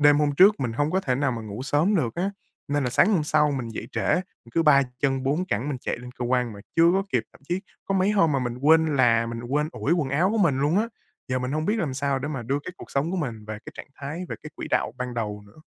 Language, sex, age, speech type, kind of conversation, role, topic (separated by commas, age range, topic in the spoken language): Vietnamese, male, 20-24, conversation, advice, user, Làm thế nào để tôi xây dựng một thói quen buổi sáng ổn định?